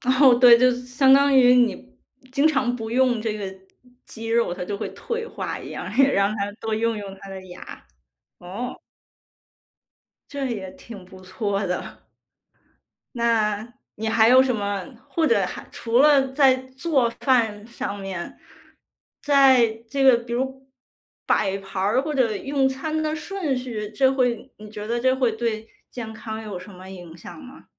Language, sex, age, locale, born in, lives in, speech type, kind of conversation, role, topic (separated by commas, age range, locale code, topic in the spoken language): Chinese, female, 30-34, zh-CN, China, United States, conversation, podcast, host, 节庆期间你会如何照顾长辈的饮食？